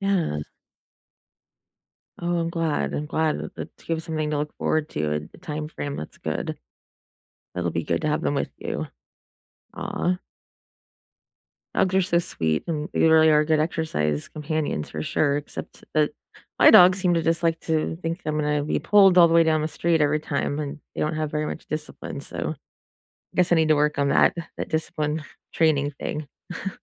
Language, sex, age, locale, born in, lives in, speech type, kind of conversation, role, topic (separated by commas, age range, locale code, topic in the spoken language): English, female, 40-44, en-US, United States, United States, conversation, unstructured, none, What is one habit that has improved your life the most?